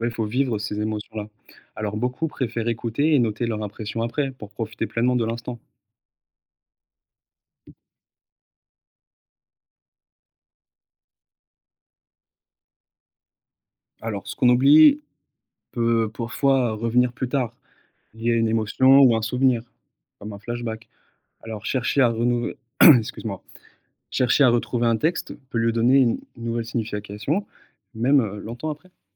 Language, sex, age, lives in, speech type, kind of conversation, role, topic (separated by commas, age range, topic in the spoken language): French, male, 30-34, France, read, expert-assistant, expert, Quand tu veux découvrir la poésie, tu préfères donner la priorité aux grands noms connus ou aux nouvelles voix ? Qu’est-ce qui t’attire le plus ?